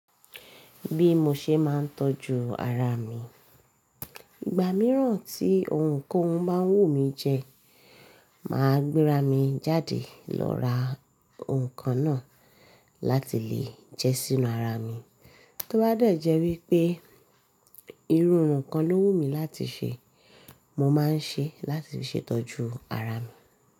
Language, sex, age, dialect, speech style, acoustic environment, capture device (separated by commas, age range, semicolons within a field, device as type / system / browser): Yoruba, female, 25-34, Nigeria - Lagos Yoruba, happy; focused, nature, mobile / Linux / Chrome